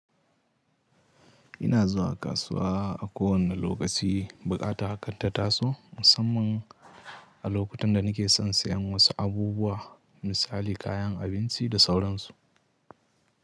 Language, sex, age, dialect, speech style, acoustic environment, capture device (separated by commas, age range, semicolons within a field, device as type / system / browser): Hausa, male, 18-24, Nigeria - Katsina, happy; anxious; nervous; excited; tired; relaxed; stressed, people talking; music; nature; silence; traffic; construction; appliances, mobile / Linux / Chrome